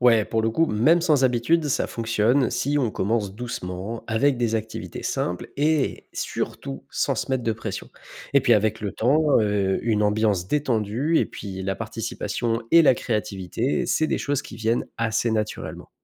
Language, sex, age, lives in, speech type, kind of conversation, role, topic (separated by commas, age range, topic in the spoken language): French, male, 25-29, France, read, expert-assistant, expert, Comment pourrait-on réinventer la pause au travail pour aider notre corps à mieux récupérer ?